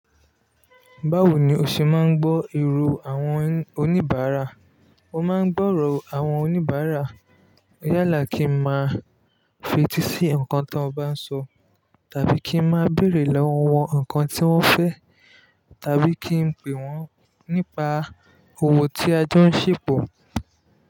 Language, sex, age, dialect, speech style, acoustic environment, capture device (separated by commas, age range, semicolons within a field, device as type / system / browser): Yoruba, male, 25-34, Nigeria - Lagos Yoruba, relaxed, traffic; people talking; music, mobile / Linux / Chrome